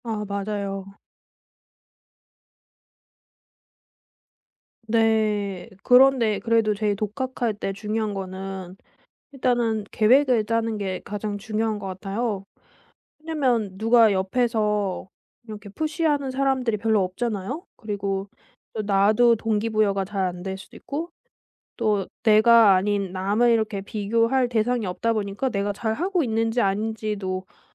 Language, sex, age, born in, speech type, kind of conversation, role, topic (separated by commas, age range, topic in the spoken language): Korean, female, 25-29, South Korea, conversation, podcast, guest, 독학할 때 학습 자료를 어떻게 골라 모아 정리하시나요?